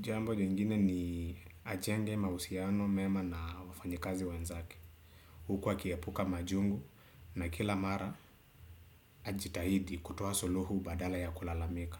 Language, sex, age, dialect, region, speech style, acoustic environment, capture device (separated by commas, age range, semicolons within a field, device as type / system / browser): Swahili, male, 25-34, Kenya - Nairobi Sheng-influenced, Kenya, relaxed; focused, silence, desktop / Windows / Chrome